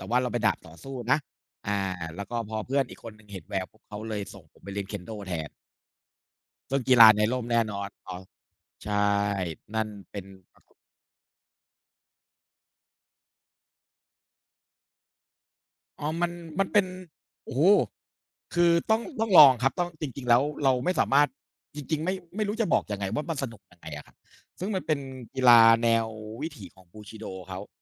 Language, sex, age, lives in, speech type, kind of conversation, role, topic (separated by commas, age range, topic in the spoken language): Thai, male, 40-44, Thailand, conversation, unstructured, none, คุณคิดว่าการเล่นกีฬาในร่มหรือกลางแจ้งสนุกกว่ากัน?